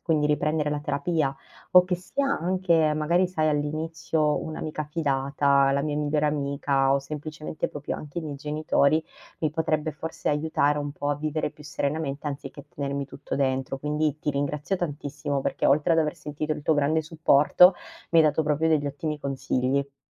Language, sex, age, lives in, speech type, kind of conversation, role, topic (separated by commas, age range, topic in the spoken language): Italian, female, 30-34, Italy, conversation, advice, user, Come posso iniziare a sostituire vecchie abitudini che faccio fatica a cambiare?